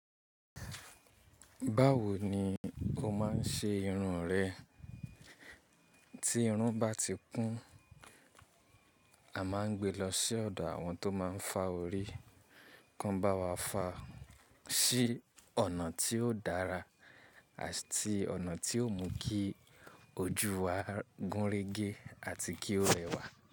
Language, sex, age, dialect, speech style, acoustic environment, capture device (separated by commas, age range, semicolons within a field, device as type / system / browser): Yoruba, male, 25-34, Nigeria - Ibadan, relaxed, nature; silence, mobile / Linux / Chrome